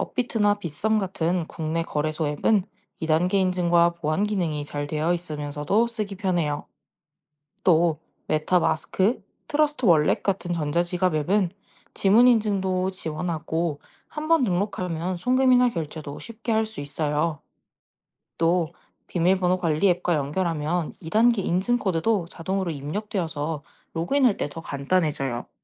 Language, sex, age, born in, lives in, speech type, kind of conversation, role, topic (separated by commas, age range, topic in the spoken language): Korean, female, 20-24, South Korea, Japan, read, expert-assistant, expert, 가상 화폐가 국제 거래에 사용되는 방식은 무엇인가요?